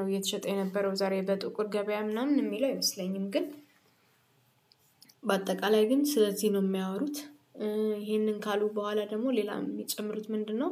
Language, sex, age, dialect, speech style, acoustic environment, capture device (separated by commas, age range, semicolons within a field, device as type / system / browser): Amharic, female, 25-34, Ethiopia - Addis Ababa, happy, people talking, desktop / Windows / Chrome